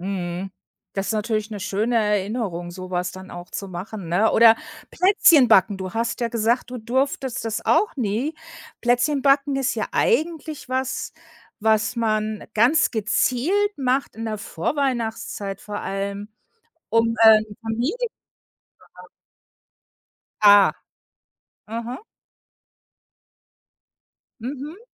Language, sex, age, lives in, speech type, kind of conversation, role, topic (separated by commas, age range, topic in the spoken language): German, female, 55-59, United States, conversation, podcast, host, Wie bringt man Kindern den Spaß am Kochen bei?